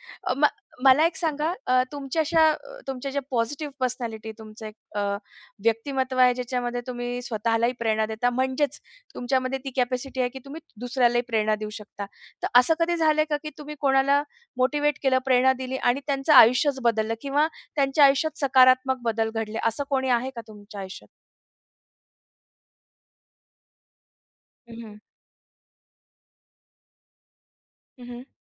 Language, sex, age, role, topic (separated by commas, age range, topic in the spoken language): Marathi, female, 35-39, host, प्रेरणा नसेल तर तुम्ही पुढे काय करता?